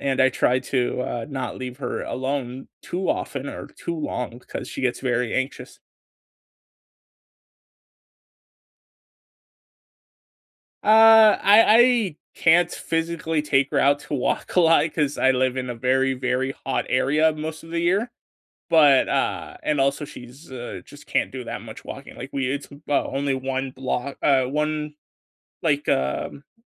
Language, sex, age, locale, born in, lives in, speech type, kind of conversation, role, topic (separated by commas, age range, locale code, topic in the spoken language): English, male, 20-24, en-US, United States, United States, conversation, unstructured, none, What are you most looking forward to this weekend?